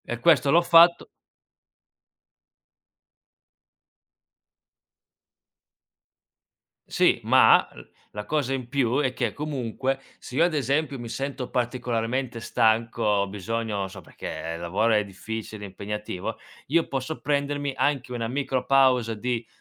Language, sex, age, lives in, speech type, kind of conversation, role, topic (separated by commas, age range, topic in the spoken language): Italian, male, 25-29, Italy, conversation, podcast, guest, Come bilanci lavoro e tempo libero, sinceramente?